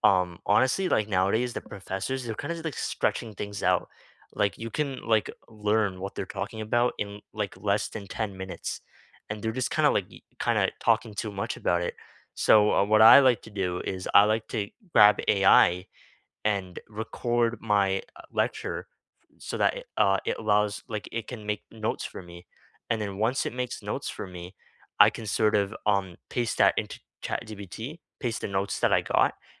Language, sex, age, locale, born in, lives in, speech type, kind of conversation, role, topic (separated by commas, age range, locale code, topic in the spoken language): English, male, 18-19, en-CA, Canada, Canada, conversation, unstructured, none, What everyday tools or small hacks actually save you time and make life easier?